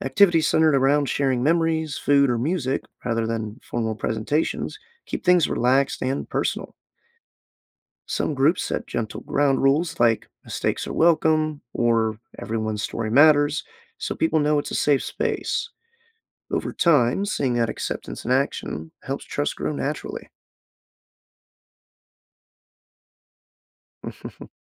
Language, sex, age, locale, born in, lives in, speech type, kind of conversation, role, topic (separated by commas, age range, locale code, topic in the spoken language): English, male, 25-29, en-US, United States, United States, read, expert-assistant, expert, How can communities support endangered language preservation?